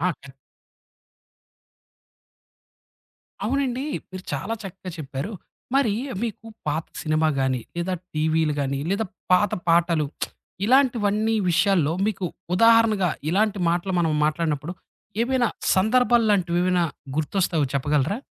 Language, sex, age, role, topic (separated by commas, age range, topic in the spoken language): Telugu, male, 30-34, host, నోస్టాల్జియా ఆధారిత విషయాలు మనకు ఎందుకు అంతగా ఆకర్షణగా అనిపిస్తాయి?